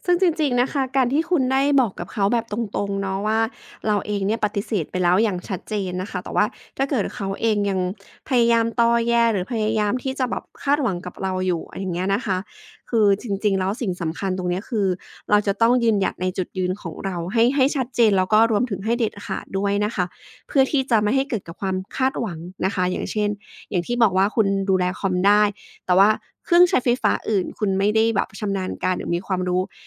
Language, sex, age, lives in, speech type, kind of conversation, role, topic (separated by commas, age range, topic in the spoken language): Thai, female, 35-39, Thailand, conversation, advice, advisor, ควรตัดสินใจย้ายไปอยู่เมืองใหม่เพื่อโอกาสหรือเพื่ออยู่ใกล้ครอบครัวดี?